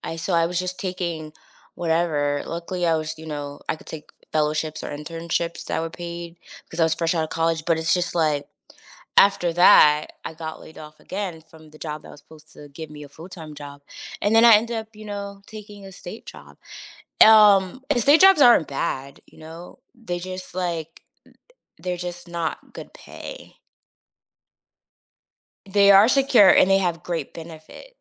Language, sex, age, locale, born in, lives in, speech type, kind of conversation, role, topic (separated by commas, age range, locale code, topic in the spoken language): English, female, 30-34, en-US, United States, United States, conversation, podcast, guest, How can you tell when a job isn’t the right match for you?